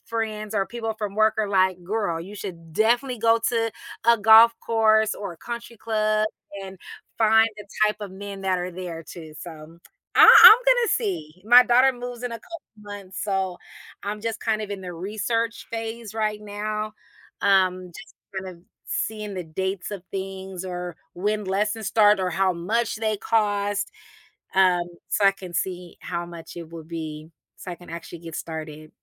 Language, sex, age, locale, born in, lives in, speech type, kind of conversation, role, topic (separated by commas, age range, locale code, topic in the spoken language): English, female, 40-44, en-US, United States, United States, conversation, podcast, guest, What motivates you to step outside your comfort zone and try new things?